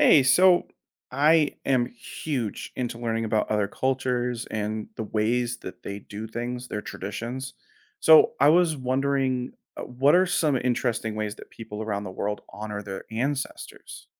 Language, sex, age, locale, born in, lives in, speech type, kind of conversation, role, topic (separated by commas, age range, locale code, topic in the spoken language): English, male, 30-34, en-US, United States, United States, read, expert-assistant, asker, What are some ways people honor their ancestors?